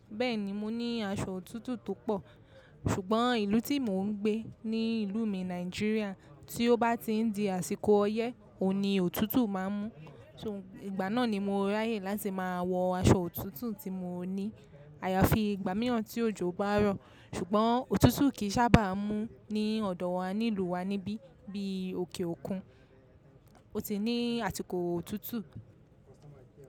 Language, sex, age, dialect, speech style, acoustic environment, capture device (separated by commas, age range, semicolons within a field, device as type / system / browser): Yoruba, female, 25-34, Nigeria - Lagos Yoruba, relaxed, people talking, mobile / macOS / Safari